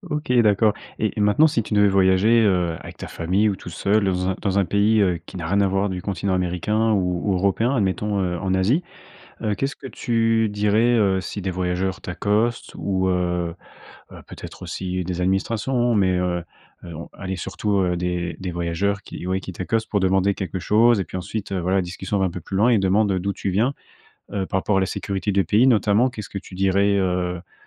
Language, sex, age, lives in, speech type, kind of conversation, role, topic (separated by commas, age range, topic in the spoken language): French, male, 25-29, France, conversation, podcast, host, Comment réagis-tu quand on te demande d’où tu viens ?